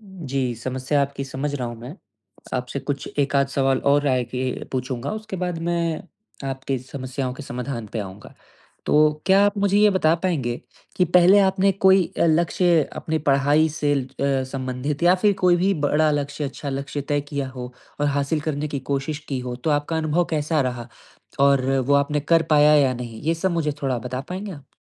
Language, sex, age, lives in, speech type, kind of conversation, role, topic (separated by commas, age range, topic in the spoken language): Hindi, male, 20-24, India, conversation, advice, advisor, मैं अपनी बड़ी महत्वाकांक्षाओं को यथार्थवादी और हासिल किए जा सकने वाले लक्ष्यों में कैसे बदलूँ?